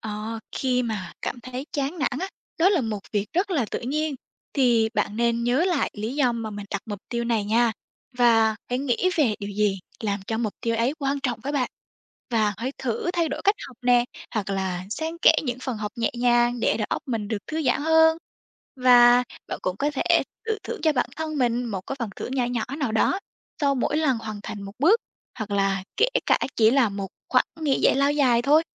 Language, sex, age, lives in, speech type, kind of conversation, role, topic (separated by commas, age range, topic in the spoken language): Vietnamese, female, 18-19, Vietnam, read, expert-assistant, expert, Làm thế nào để xây dựng mục tiêu học tập rõ ràng?